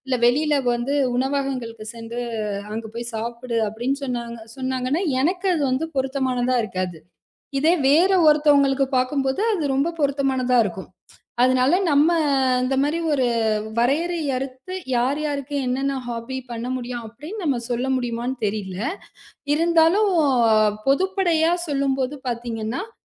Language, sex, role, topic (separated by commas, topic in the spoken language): Tamil, female, guest, மனஅழுத்தம் அதிகமாக இருக்கும் நேரங்களில் உங்கள் பொழுதுபோக்குகள் உங்களுக்கு எப்படி உதவுகின்றன?